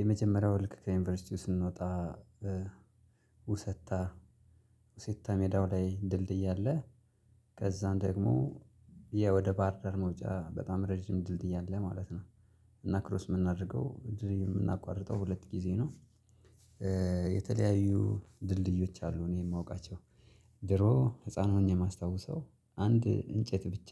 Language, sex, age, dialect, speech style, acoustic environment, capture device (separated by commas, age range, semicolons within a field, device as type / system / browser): Amharic, male, 25-34, Ethiopia - Gojjam, excited, nature, mobile / Linux / Chrome